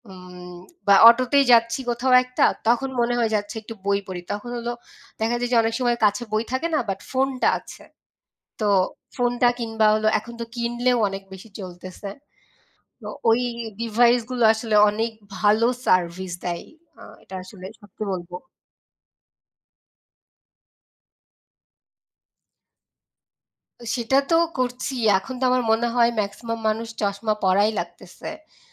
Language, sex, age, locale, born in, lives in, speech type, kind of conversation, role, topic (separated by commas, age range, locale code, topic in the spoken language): Bengali, female, 25-29, bn-BD, Bangladesh, Bangladesh, conversation, unstructured, none, বই পড়ার অভ্যাস কীভাবে জীবনে পরিবর্তন আনে?